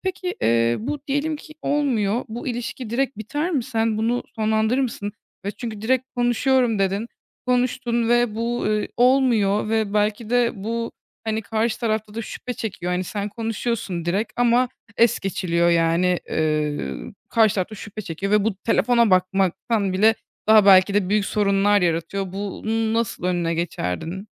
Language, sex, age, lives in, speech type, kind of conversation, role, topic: Turkish, female, 20-24, Germany, conversation, podcast, host, Partnerin telefonuna bakmanın sınırı sence nerede başlar?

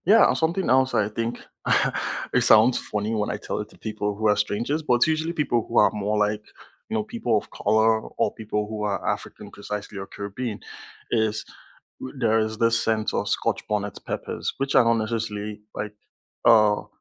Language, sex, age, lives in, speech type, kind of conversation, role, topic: English, male, 20-24, Canada, conversation, unstructured, none, Which local food markets would you recommend to visitors?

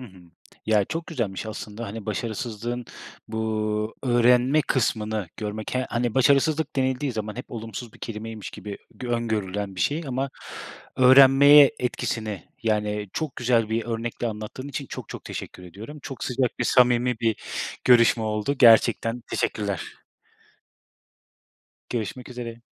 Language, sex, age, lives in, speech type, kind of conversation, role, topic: Turkish, male, 30-34, Germany, conversation, podcast, host, Başarısızlıklar öğrenme sürecini nasıl etkiledi?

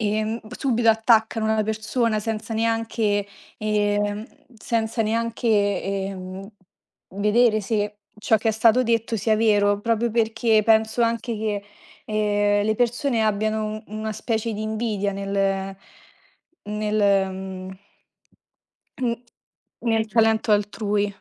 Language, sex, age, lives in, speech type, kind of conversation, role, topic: Italian, female, 20-24, Italy, conversation, unstructured, none, Come reagisci quando una celebrità si comporta in modo scorretto sui media?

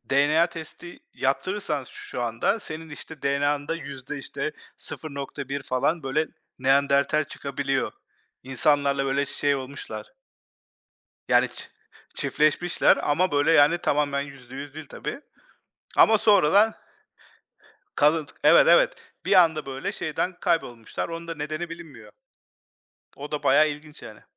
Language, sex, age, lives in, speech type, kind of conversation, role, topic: Turkish, male, 40-44, United States, conversation, unstructured, none, Tarihte en çok şaşırdığınız olay nedir?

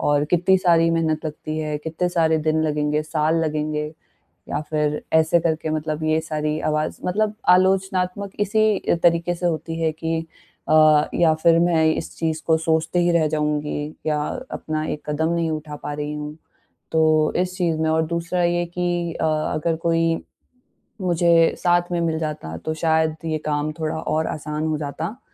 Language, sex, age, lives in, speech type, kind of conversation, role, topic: Hindi, female, 20-24, India, conversation, advice, user, मैं अपनी अंदर की आलोचनात्मक आवाज़ से कैसे दयालुता और समझदारी से निपटूँ?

